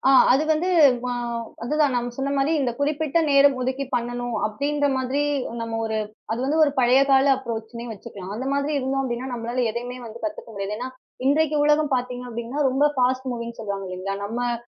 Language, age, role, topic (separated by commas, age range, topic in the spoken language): Tamil, 30-34, guest, நாள்தோறும் குறைந்த நேரத்தில் கற்றுக்கொள்ள என்ன உத்திகளைப் பயன்படுத்தலாம்?